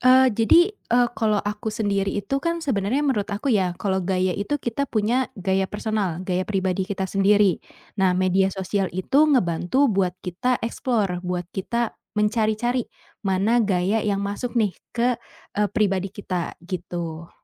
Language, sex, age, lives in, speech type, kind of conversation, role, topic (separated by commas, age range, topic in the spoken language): Indonesian, female, 25-29, Indonesia, conversation, podcast, guest, Bagaimana peran media sosial dalam gaya pribadimu?